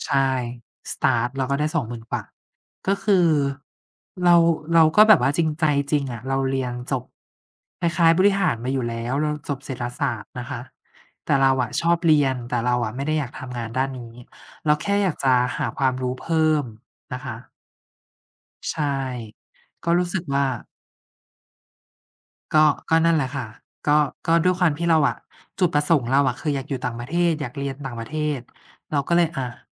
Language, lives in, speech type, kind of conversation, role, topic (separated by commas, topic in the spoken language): Thai, Thailand, conversation, unstructured, none, ถ้าความฝันของคุณทำให้ครอบครัวไม่พอใจ คุณจะรับมืออย่างไร?